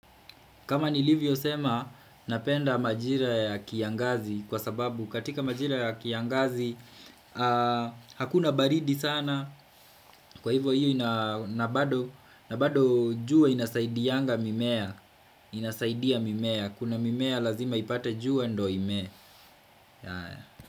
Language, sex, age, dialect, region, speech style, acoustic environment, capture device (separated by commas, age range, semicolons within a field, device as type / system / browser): Swahili, male, 25-34, Kenya - Kiambu, Kenya, happy, silence, desktop / Windows / Chrome